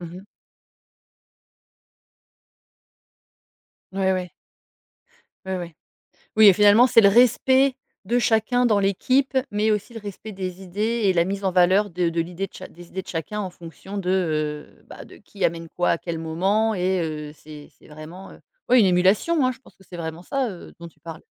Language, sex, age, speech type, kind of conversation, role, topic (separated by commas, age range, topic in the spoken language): French, female, 40-44, conversation, podcast, host, Qu’est-ce qui te motive vraiment au travail ?